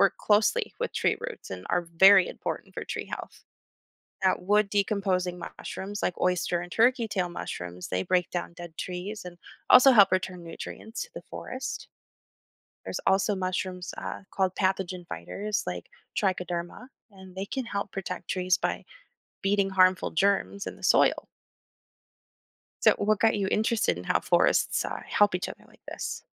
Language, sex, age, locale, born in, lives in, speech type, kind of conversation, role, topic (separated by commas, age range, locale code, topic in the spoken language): English, female, 30-34, en-US, United States, United States, read, expert-assistant, expert, How do mushrooms benefit forest health?